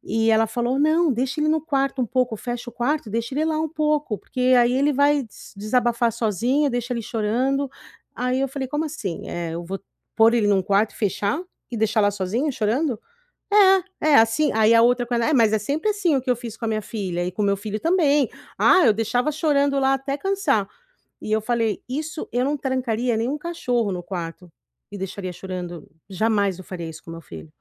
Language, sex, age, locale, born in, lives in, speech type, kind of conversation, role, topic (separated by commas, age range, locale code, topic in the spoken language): Portuguese, female, 40-44, pt-BR, Brazil, United States, conversation, advice, user, Como manter boas relações na família apesar de divergências de valores?